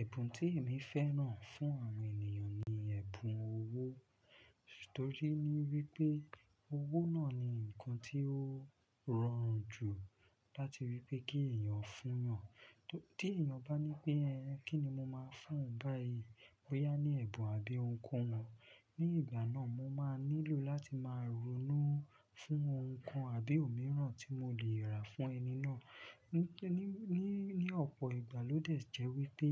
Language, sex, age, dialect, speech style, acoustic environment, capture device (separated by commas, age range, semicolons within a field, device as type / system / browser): Yoruba, male, 25-34, Nigeria - Lagos Yoruba, focused, silence, desktop / Linux / Chrome